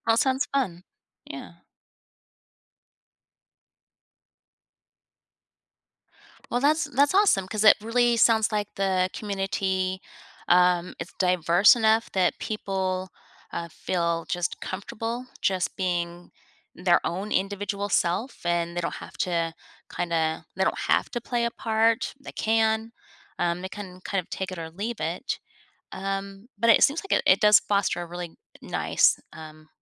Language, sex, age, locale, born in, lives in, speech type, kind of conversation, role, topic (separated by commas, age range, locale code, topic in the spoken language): English, female, 50-54, en-US, United States, United States, conversation, podcast, host, What helps people feel comfortable and included when joining a new community?